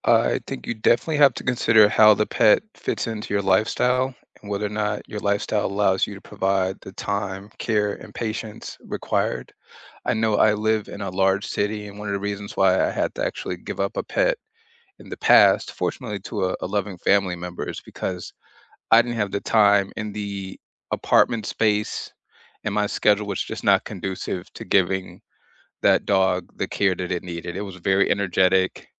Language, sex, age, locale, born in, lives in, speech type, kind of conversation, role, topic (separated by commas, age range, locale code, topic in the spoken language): English, male, 40-44, en-US, United States, United States, conversation, unstructured, none, What should you consider before adopting a pet?